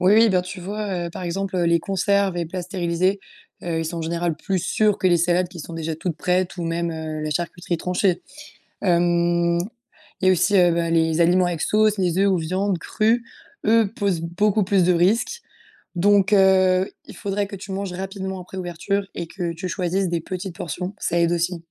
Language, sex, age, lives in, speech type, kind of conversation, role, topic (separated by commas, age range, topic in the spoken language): French, female, 20-24, France, read, expert-assistant, expert, Pourquoi certains aliments deviennent-ils parfois dangereux alors qu’on pensait qu’ils étaient sûrs ?